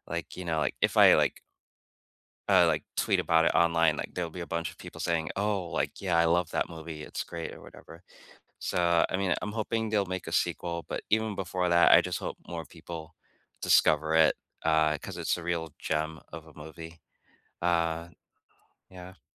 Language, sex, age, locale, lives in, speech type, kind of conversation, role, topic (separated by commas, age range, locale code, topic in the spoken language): English, male, 45-49, en-US, United States, conversation, unstructured, none, Which underrated, little-seen movies do you passionately recommend, and what makes them unforgettable to you?